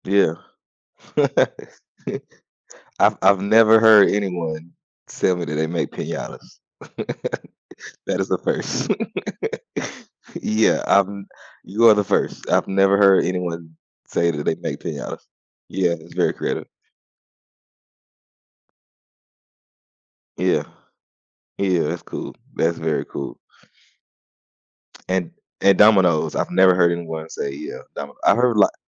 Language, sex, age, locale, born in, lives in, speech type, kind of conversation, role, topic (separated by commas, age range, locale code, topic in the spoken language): English, male, 30-34, en-US, United States, United States, conversation, unstructured, none, How has your dream job changed as you've grown, and what inspired those changes?